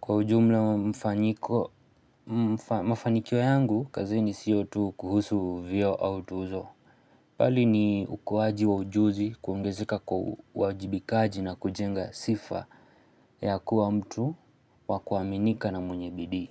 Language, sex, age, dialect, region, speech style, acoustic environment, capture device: Swahili, male, 25-34, Kenya - Nairobi Sheng-influenced, Kenya, focused; excited, silence, mobile / Linux / Chrome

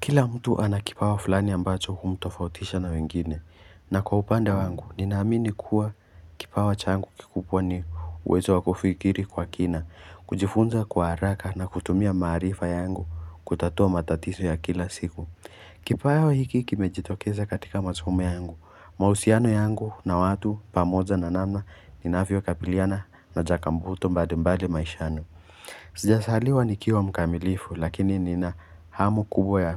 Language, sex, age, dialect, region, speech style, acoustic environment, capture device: Swahili, male, 18-24, Kenya - Nairobi Sheng-influenced, Kenya, focused, silence, mobile / Linux / Chrome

